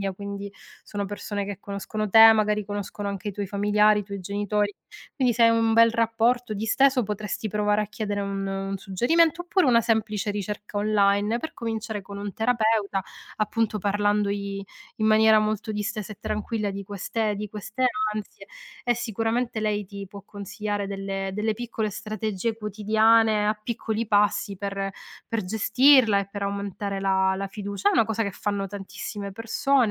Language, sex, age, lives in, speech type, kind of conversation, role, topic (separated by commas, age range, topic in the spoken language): Italian, female, 40-44, Italy, conversation, advice, advisor, Come vivi la sindrome dell’impostore nel tuo nuovo lavoro?